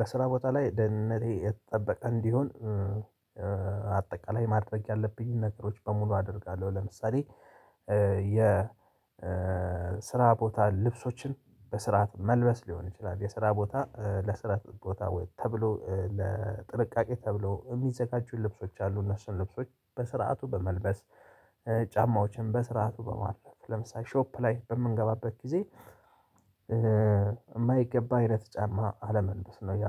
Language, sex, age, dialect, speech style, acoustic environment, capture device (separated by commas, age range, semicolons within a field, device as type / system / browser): Amharic, male, 35-44, Ethiopia - Addis Ababa, relaxed, nature, mobile / Linux / Chrome